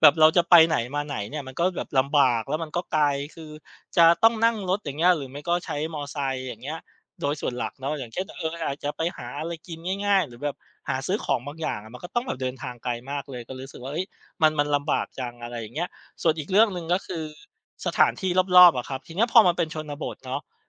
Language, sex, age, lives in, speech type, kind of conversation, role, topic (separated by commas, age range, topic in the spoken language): Thai, male, 35-39, Thailand, conversation, advice, user, ควรตัดสินใจย้ายไปอยู่เมืองใหม่เพื่อโอกาสหรือเพื่ออยู่ใกล้ครอบครัวดี?